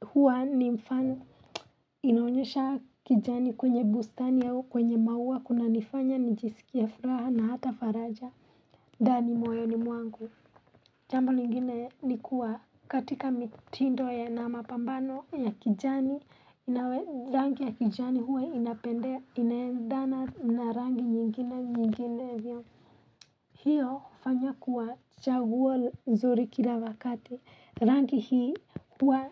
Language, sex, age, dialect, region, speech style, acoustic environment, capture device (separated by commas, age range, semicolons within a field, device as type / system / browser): Swahili, female, 25-34, Kenya - Nairobi Sheng-influenced, Kenya, happy; relaxed; focused; excited, nature; silence, mobile / Linux / Chrome